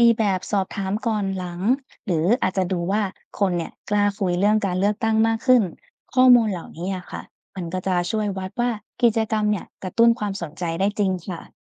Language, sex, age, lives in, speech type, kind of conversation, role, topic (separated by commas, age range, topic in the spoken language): Thai, female, 20-24, Thailand, read, expert-assistant, expert, ปัจจัยอะไรที่มีผลต่อการตัดสินใจเลือกตั้งของคนไทยมากที่สุด?